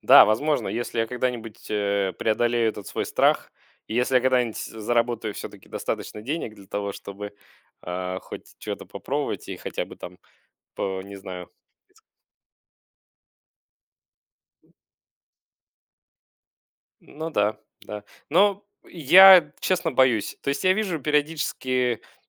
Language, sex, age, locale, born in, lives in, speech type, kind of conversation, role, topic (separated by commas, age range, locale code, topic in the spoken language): Russian, male, 35-39, ru-UA, Russia, Germany, conversation, podcast, guest, Что для тебя важнее — деньги или смысл работы?